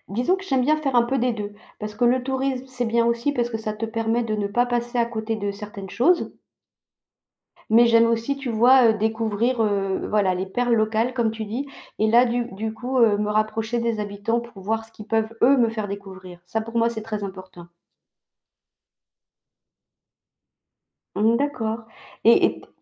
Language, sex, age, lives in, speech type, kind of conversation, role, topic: French, female, 55-59, France, conversation, unstructured, none, Qu’aimez-vous le plus découvrir dans une nouvelle ville ?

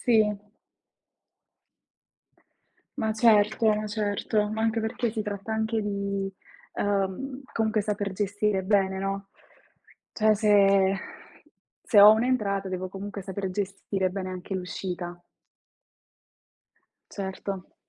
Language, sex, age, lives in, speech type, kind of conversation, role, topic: Italian, female, 20-24, Italy, conversation, unstructured, none, Come descriveresti il tuo stile personale?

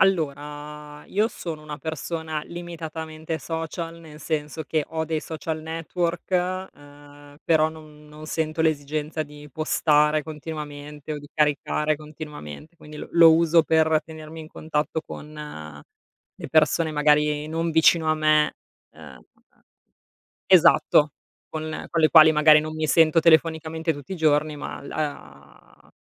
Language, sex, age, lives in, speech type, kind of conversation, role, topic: Italian, female, 35-39, Italy, conversation, unstructured, none, Hai mai avuto paura di perdere il controllo sui tuoi dati online?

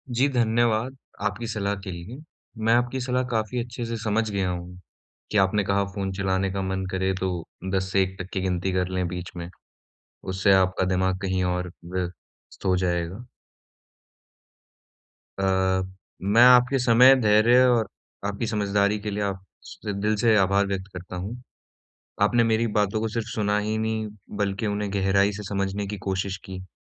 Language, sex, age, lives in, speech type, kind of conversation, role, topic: Hindi, male, 20-24, India, conversation, advice, user, मनोरंजन करते समय मैं अपना ध्यान कैसे बनाए रखूँ?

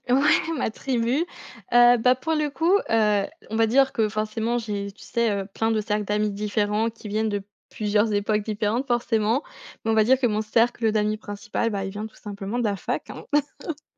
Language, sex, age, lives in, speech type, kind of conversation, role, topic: French, female, 20-24, France, conversation, podcast, guest, Comment as-tu trouvé ta tribu, ton cercle d’amis ?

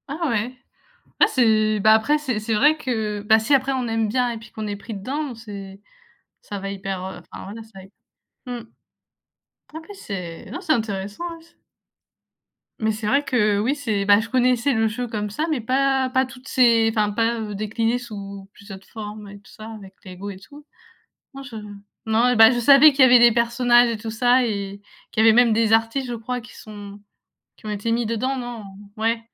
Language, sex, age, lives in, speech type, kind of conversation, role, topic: French, female, 20-24, France, conversation, unstructured, none, Quel loisir aimerais-tu essayer si tu avais plus de temps ?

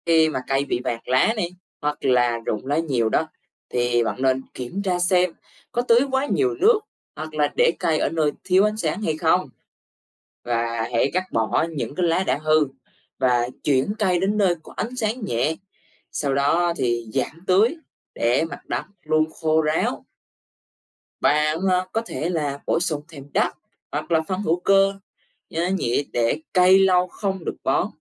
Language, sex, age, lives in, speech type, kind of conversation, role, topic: Vietnamese, male, 20-24, Vietnam, read, expert-assistant, expert, Làm sao để bảo vệ môi trường trong cuộc sống hàng ngày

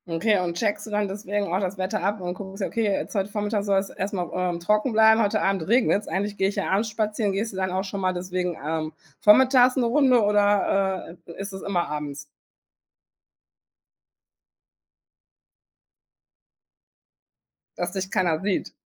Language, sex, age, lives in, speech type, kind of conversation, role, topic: German, female, 40-44, Germany, conversation, podcast, host, Was macht dir beim Spazierengehen besonders Freude?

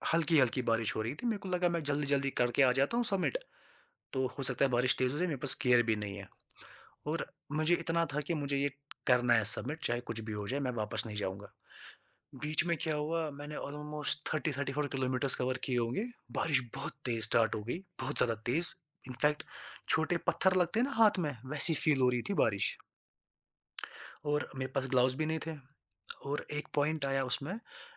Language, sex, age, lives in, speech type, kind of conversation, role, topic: Hindi, male, 20-24, India, conversation, podcast, guest, Apne solo safar mein ki gayi galtiyon se tumhe sabse badi seekh kya mili?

